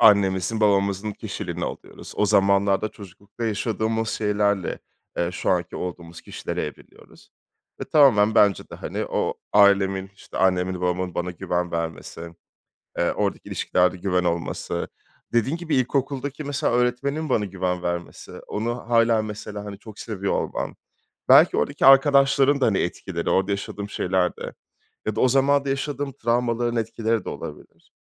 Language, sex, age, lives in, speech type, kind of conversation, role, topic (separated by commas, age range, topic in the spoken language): Turkish, male, 30-34, France, conversation, podcast, guest, İlişkide güven senin için ne anlama geliyor?